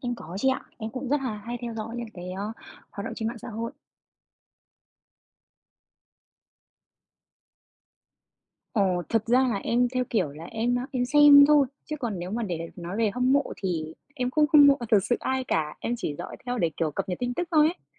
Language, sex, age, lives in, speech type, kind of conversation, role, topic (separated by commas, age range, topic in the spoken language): Vietnamese, female, 30-34, Vietnam, conversation, podcast, guest, Người có ảnh hưởng có phải chịu trách nhiệm về những thông tin họ chia sẻ không?